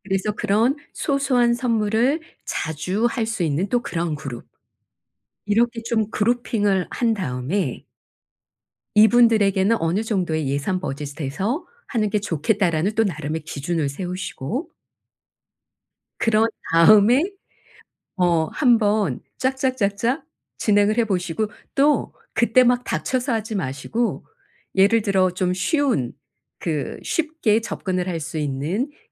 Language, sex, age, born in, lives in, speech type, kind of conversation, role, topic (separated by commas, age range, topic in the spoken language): Korean, female, 55-59, South Korea, South Korea, conversation, advice, advisor, 친구 생일선물을 무엇으로 고르면 좋을까요?